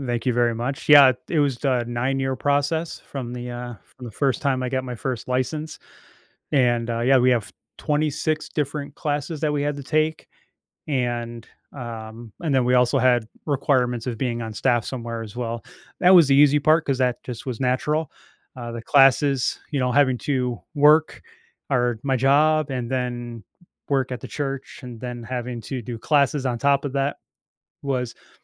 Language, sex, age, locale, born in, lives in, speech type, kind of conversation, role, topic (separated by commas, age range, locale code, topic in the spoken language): English, male, 45-49, en-US, United States, United States, conversation, advice, user, How can I get promoted at work?